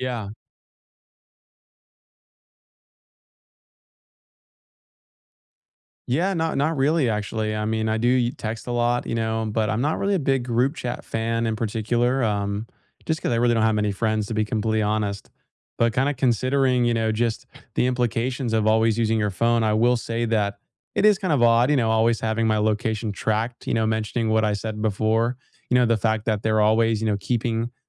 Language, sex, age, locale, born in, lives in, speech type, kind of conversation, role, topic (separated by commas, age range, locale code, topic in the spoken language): English, male, 20-24, en-US, United States, United States, conversation, unstructured, none, What is a simple tech tool or app that has genuinely improved your life?